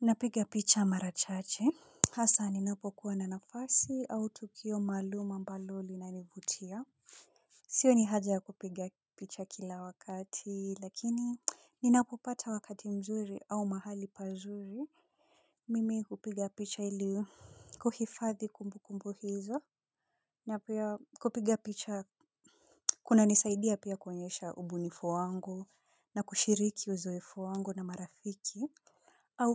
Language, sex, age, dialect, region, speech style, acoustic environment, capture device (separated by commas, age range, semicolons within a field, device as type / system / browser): Swahili, female, 25-34, Kenya - Nairobi Sheng-influenced, Kenya, relaxed; focused, silence; people talking; construction, mobile / Linux / Chrome